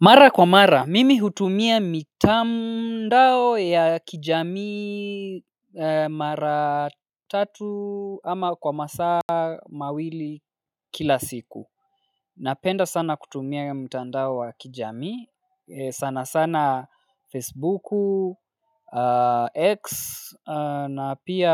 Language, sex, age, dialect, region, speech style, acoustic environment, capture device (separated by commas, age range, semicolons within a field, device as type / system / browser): Swahili, male, 25-34, Kenya - Nairobi Sheng-influenced, Kenya, focused; relaxed, silence, mobile / Linux / Chrome